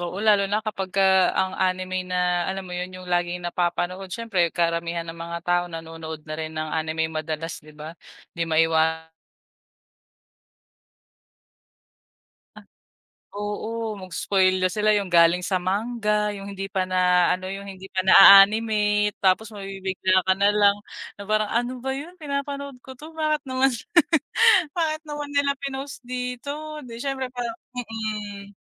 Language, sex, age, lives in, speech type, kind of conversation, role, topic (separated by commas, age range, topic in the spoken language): Filipino, female, 25-29, Philippines, conversation, podcast, host, Paano nakaapekto ang midyang panlipunan sa paraan mo ng panonood?